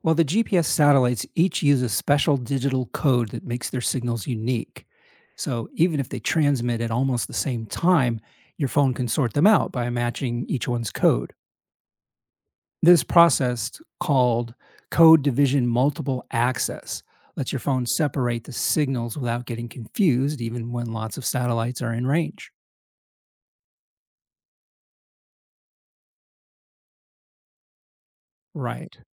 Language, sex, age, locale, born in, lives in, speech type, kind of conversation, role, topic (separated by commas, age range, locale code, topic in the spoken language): English, male, 55-59, en-US, United States, United States, read, expert-assistant, expert, How does GPS determine and track your location and direction?